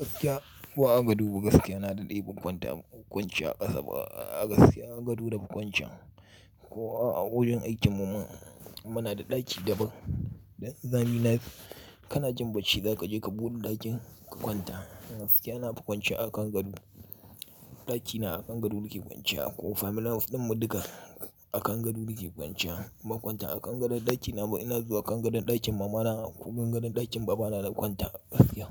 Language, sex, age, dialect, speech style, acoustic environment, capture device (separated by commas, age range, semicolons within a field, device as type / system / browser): Hausa, male, 35-44, Nigeria - Kano, happy, nature, mobile / Linux / Chrome